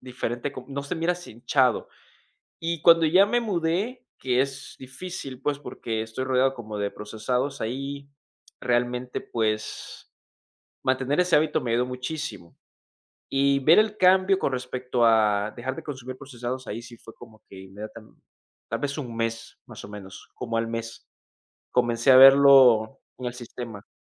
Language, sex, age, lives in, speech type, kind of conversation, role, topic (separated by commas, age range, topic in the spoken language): Spanish, male, 20-24, United States, conversation, podcast, guest, ¿Qué hábito diario ha cambiado tu vida?